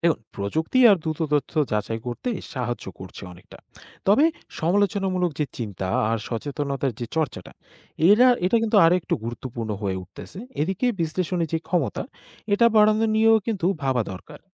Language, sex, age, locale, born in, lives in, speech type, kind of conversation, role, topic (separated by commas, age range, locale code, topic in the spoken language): Bengali, male, 20-24, bn-BD, Bangladesh, Bangladesh, read, expert-assistant, expert, জলবায়ু বদলের কারণ নিয়ে অনেক গল্প শোনা যায়, কিন্তু কোন কোন কথাগুলো আসলে সত্যি? বিভ্রান্তি এড়াতে কীভাবে বুঝব?